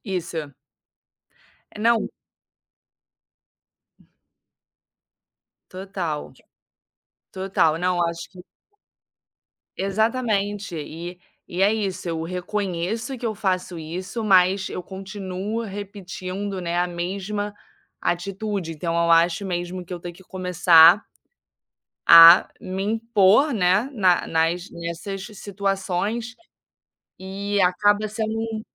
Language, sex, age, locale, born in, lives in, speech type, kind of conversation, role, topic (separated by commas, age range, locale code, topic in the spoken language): Portuguese, female, 25-29, pt-BR, Brazil, Spain, conversation, advice, user, Como posso conversar com meus amigos sobre conflitos e divergências sem acabar em uma briga?